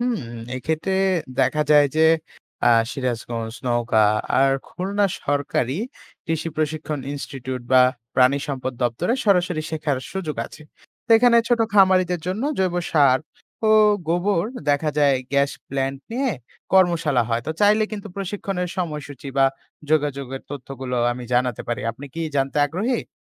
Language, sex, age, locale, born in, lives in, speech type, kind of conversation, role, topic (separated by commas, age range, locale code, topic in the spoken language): Bengali, male, 20-24, bn-BD, Bangladesh, Bangladesh, read, expert-assistant, expert, বাংলাদেশের নানা এলাকায় গবাদি পশু পালনের ভিন্ন ভিন্ন কৌশল সম্পর্কে জানার জন্য কোথায় ঘুরে দেখা যায়?